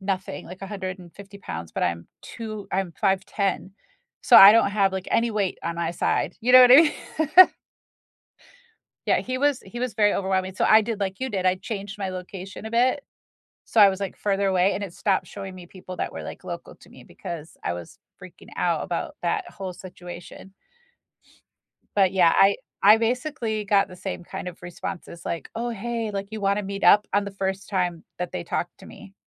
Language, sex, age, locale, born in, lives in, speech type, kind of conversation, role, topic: English, female, 45-49, en-US, United States, United States, conversation, unstructured, none, How do you know when it’s time to break up?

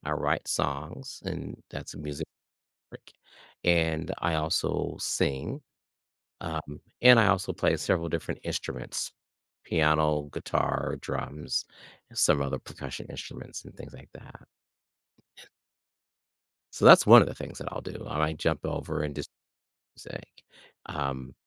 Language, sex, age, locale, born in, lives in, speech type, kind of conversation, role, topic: English, male, 55-59, en-US, United States, United States, conversation, podcast, guest, Why are hobbies important for managing stress and improving wellbeing?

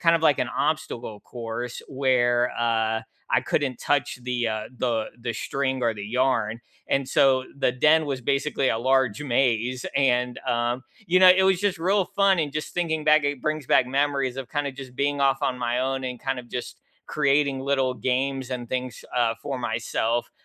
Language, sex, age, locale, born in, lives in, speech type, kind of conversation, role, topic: English, male, 35-39, en-US, United States, United States, conversation, unstructured, none, Which childhood games or hobbies would you love to revisit today?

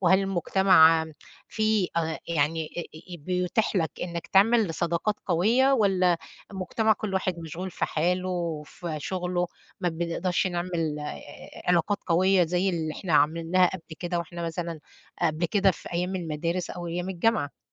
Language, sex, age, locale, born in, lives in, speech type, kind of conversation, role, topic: Arabic, female, 50-54, ar-EG, Egypt, United States, conversation, unstructured, none, إزاي تبني علاقة قوية مع أفراد عيلتك؟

